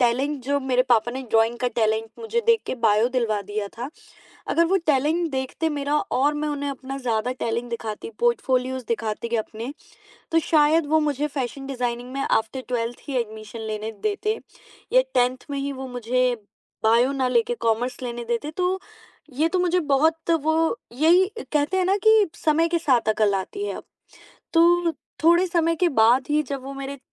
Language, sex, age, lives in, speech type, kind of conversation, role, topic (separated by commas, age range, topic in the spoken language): Hindi, female, 20-24, India, conversation, podcast, guest, Parivaar ke pressure mein aap apne junoon ko kaise follow karte hain?